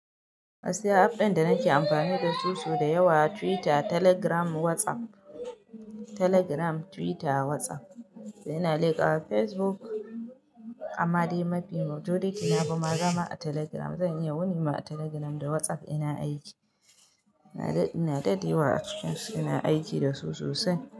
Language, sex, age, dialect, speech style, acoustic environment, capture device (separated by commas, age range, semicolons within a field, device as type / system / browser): Hausa, female, 25-34, Nigeria - Kano, happy, silence; nature, mobile / Linux / Chrome